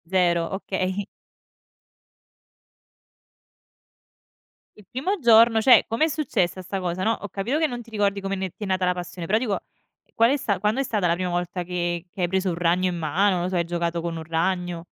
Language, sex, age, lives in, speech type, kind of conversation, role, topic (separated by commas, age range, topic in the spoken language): Italian, female, 25-29, Italy, conversation, podcast, host, Hai conosciuto nuove persone o trovato nuove comunità grazie a quell’hobby?